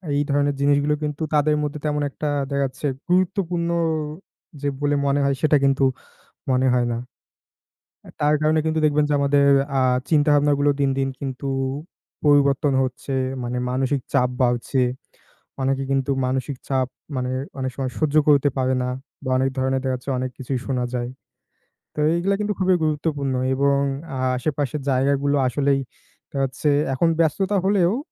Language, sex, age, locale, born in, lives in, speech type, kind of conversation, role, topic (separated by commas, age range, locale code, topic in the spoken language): Bengali, male, 20-24, bn-BD, Bangladesh, Bangladesh, conversation, unstructured, none, আপনার এলাকার সবচেয়ে ভালো জায়গা কোনটি?